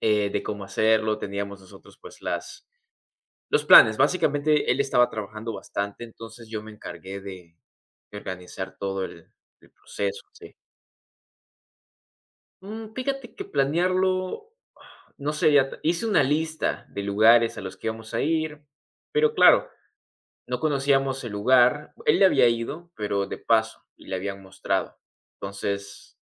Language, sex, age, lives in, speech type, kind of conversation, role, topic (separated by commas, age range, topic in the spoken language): Spanish, male, 20-24, United States, conversation, podcast, guest, ¿Qué experiencia de viaje nunca olvidarás?